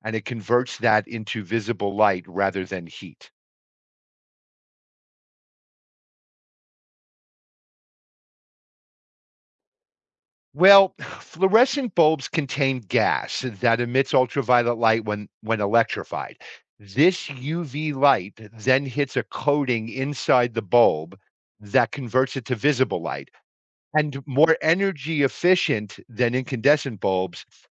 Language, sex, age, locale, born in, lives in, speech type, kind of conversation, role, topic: English, male, 55-59, en-US, United States, United States, read, expert-assistant, expert, How does a lightbulb produce light?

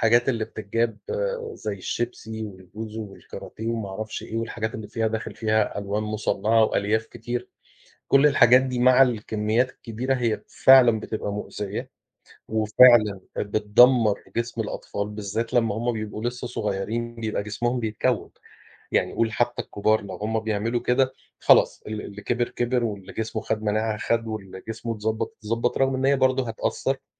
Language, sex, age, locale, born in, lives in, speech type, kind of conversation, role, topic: Arabic, male, 40-44, ar-EG, Egypt, Portugal, conversation, unstructured, none, هل المفروض الشركات اللي بتروّج للأكل الضار تتعاقب؟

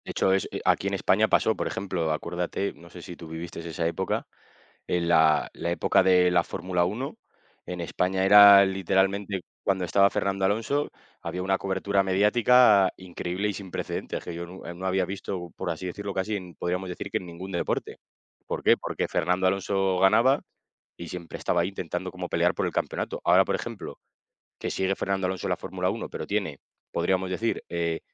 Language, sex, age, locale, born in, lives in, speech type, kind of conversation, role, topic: Spanish, male, 25-29, es-ES, Spain, Spain, conversation, unstructured, none, ¿Es justo que algunos deportes reciban más atención que otros?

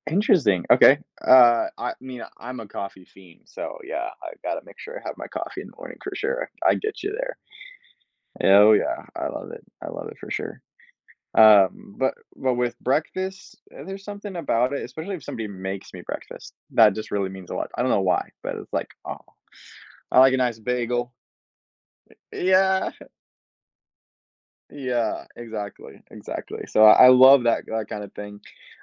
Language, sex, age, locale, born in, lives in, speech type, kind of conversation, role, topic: English, male, 20-24, en-US, United States, United States, conversation, podcast, guest, How do small everyday moments contribute to your overall happiness?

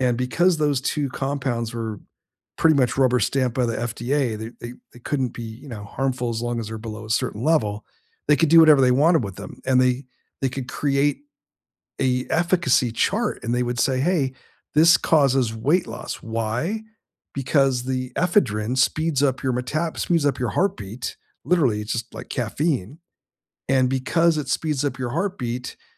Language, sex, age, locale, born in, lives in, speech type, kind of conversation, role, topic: English, male, 60-64, en-US, United States, United States, conversation, unstructured, none, What happens when science is used to control or manipulate people?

